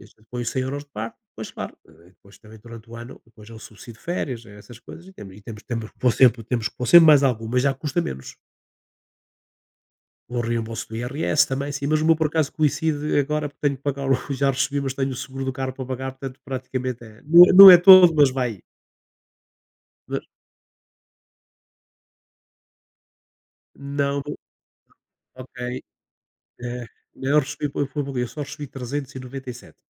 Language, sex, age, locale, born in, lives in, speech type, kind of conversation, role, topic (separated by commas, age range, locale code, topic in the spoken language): Portuguese, male, 60-64, pt-PT, Portugal, Portugal, conversation, unstructured, none, Por que é importante fazer um orçamento mensal?